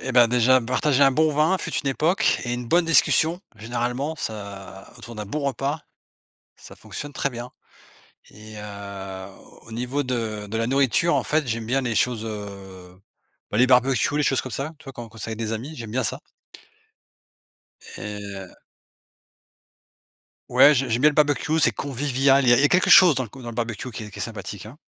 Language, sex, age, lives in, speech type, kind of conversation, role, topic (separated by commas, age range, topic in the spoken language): French, male, 45-49, Portugal, conversation, unstructured, none, Qu’aimes-tu partager avec des amis autour d’un bon repas ?